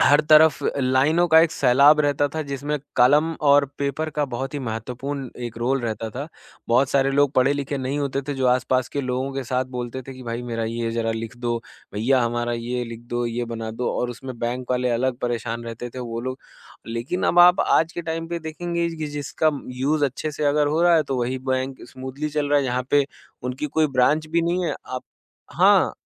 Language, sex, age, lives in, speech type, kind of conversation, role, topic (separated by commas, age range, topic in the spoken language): Hindi, male, 25-29, India, conversation, podcast, guest, Jab progress dheemi ho rahi ho, toh tum khud ko kaise motivate karte ho?